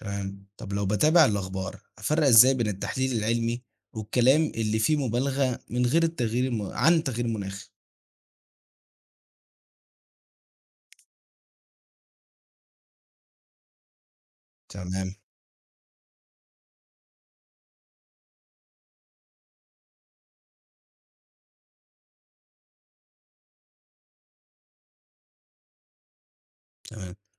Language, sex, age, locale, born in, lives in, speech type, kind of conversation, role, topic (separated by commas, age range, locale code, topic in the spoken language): Arabic, male, 18-19, ar-EG, Egypt, Egypt, read, expert-assistant, asker, لما نسمع عن أحداث مناخية في الأخبار زي موجات الحر أو الأعاصير، إزاي نعرف إذا كان فعلاً في علاقة بينها وبين التغير المناخي ولا دي مبالغات إعلامية؟